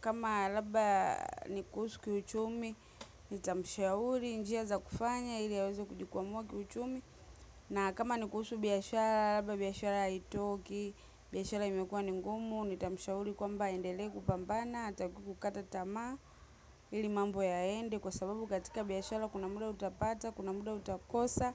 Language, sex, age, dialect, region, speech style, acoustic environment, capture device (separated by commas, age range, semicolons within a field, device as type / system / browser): Swahili, female, 25-34, Tanzania - Dar es Salaam, Tanzania, relaxed; happy, silence, mobile / macOS / Safari